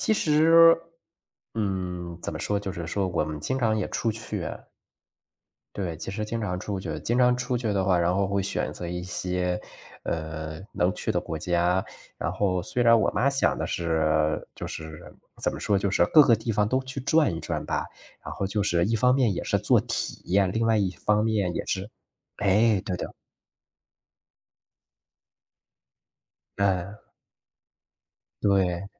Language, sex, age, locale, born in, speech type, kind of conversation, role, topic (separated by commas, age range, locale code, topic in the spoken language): Chinese, male, 35-39, zh-CN, China, conversation, unstructured, none, 你最喜欢和家人一起旅行的经历是什么？